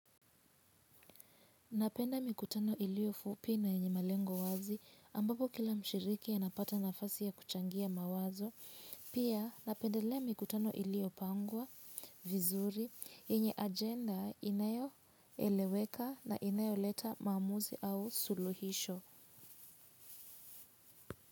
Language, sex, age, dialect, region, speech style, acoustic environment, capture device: Swahili, female, 18-24, Kenya - Nairobi Sheng-influenced, Kenya, relaxed, silence, mobile / Linux / Chrome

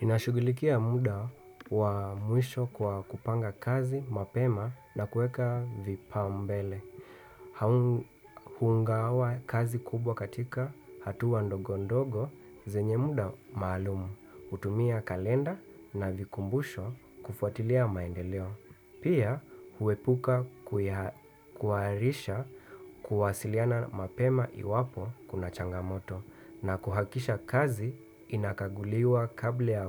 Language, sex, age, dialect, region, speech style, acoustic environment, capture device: Swahili, male, 25-34, Kenya - Nairobi Sheng-influenced, Kenya, relaxed, people talking, desktop / Windows / Chrome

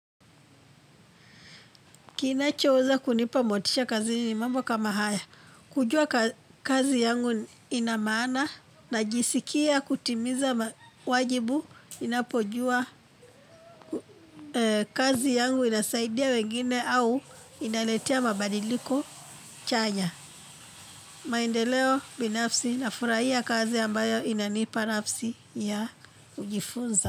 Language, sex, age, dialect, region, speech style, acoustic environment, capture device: Swahili, female, 25-34, Kenya - Nairobi Sheng-influenced, Kenya, happy; excited; focused; relaxed, people talking, mobile / Linux / Chrome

